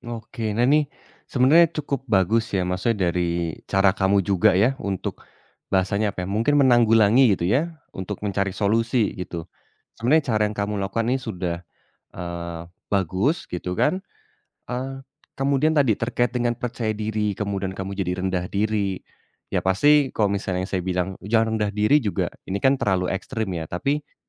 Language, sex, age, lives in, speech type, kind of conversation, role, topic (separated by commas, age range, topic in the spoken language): Indonesian, male, 30-34, Indonesia, conversation, advice, advisor, Bagaimana saya harus menghadapi kehilangan pekerjaan secara mendadak?